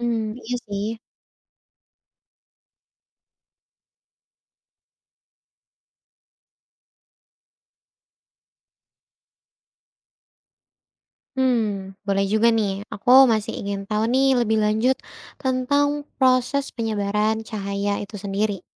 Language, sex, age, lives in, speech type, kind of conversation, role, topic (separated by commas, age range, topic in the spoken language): Indonesian, female, 20-24, Indonesia, read, expert-assistant, asker, Mengapa langit tampak biru pada siang hari?